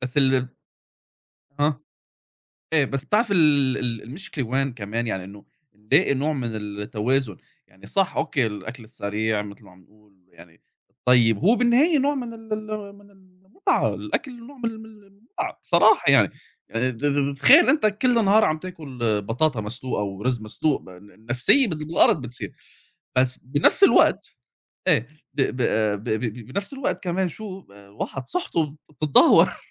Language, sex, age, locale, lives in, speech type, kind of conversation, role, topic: Arabic, male, 30-34, ar-SA, United States, conversation, unstructured, none, هل إنت شايف إن الأكل السريع بيأثر بالسلب على صحتنا؟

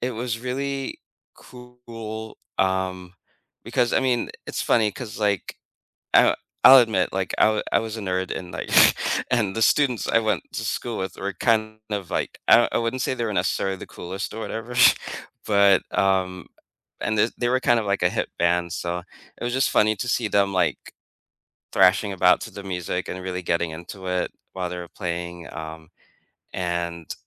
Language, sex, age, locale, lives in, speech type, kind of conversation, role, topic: English, male, 45-49, en-US, United States, conversation, unstructured, none, What do you remember about the first concert you ever attended?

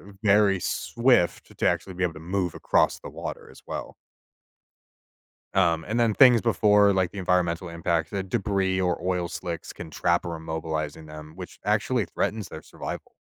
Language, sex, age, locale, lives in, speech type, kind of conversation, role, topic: English, male, 25-29, en-US, United States, read, expert-assistant, expert, How do insects walk on water?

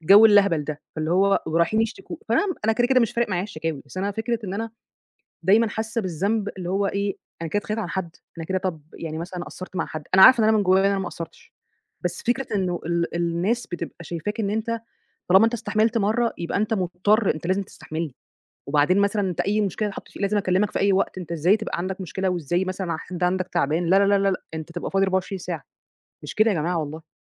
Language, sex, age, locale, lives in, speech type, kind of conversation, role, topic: Arabic, female, 30-34, ar-EG, Egypt, conversation, advice, user, إزاي أتعامل مع إحساسي إني بامثل دور مش حقيقي في حياتي الاجتماعية؟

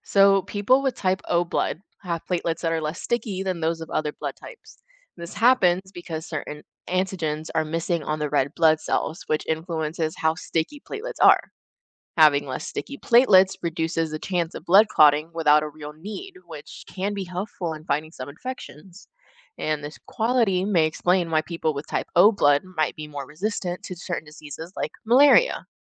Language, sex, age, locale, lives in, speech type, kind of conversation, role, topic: English, female, 20-24, en-US, United States, read, expert-assistant, expert, Why do we have different blood types?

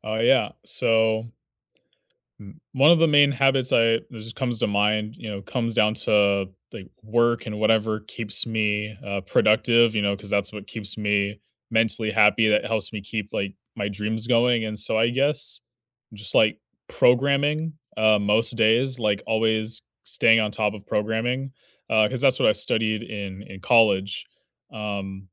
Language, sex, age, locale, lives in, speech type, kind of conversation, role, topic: English, male, 20-24, en-US, United States, conversation, unstructured, none, What habit are you proud of sticking with, and what helped you keep it going?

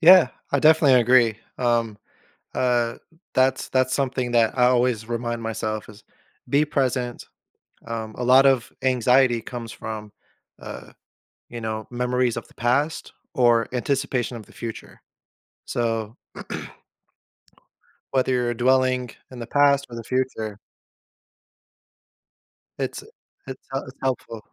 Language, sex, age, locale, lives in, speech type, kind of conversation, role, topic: English, male, 30-34, en-US, United States, conversation, podcast, host, How do you decide which worries deserve your attention and which ones to let go?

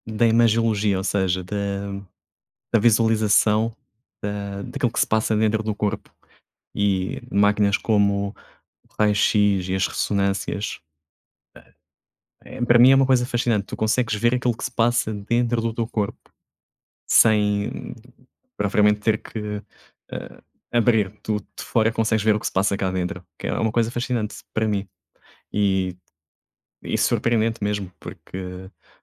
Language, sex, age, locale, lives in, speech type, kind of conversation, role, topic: Portuguese, male, 30-34, pt-PT, Portugal, conversation, unstructured, none, Qual descoberta científica você considera a mais surpreendente?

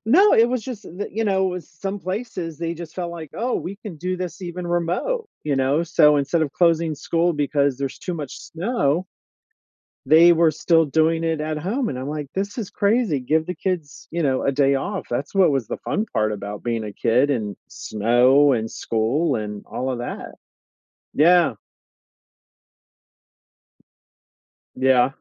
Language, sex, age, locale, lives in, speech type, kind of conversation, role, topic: English, male, 50-54, en-US, United States, conversation, unstructured, none, In what ways has technology changed your learning experiences?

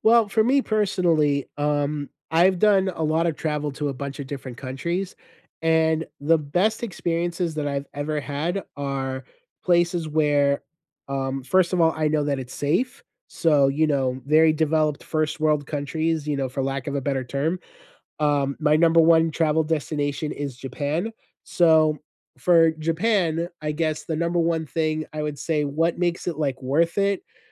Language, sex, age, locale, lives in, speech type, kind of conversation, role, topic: English, male, 35-39, en-US, United States, conversation, unstructured, none, How do you feel about paying high prices for popular travel destinations?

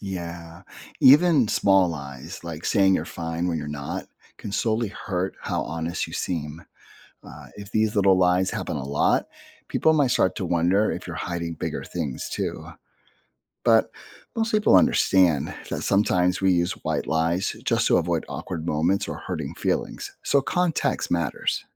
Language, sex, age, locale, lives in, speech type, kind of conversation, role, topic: English, male, 50-54, en-US, United States, read, expert-assistant, expert, What role does honesty play in building trust?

